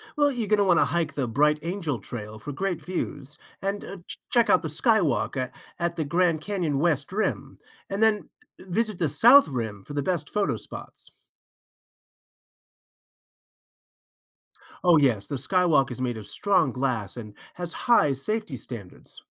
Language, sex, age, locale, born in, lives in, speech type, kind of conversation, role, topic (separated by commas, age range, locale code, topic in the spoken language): English, male, 55-59, en-US, United States, United States, read, expert-assistant, expert, What are the best stops on a 3-day Arizona road trip?